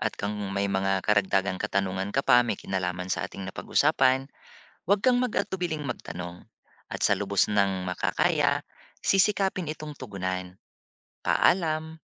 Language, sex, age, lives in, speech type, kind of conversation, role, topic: Filipino, male, 40-44, Philippines, read, expert-assistant, expert, Bakit mas mabilis umunlad ang ilang lungsod o rehiyon kumpara sa iba sa Pilipinas?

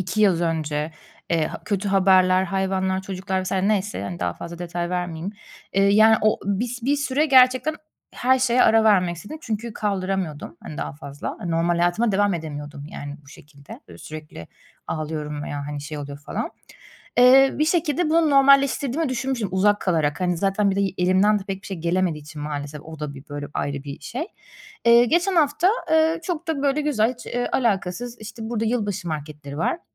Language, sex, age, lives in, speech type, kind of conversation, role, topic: Turkish, female, 30-34, Germany, conversation, advice, user, Hızlı sakinleşmek için hangi nefes egzersizleri işe yarar?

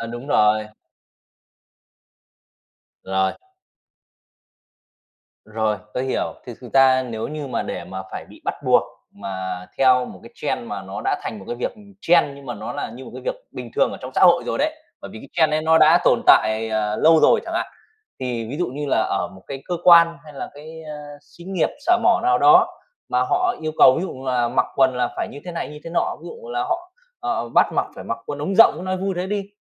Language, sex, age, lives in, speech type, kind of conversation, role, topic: Vietnamese, male, 30-34, Vietnam, conversation, podcast, guest, Bạn thường chạy theo xu hướng hay giữ phong cách riêng của mình?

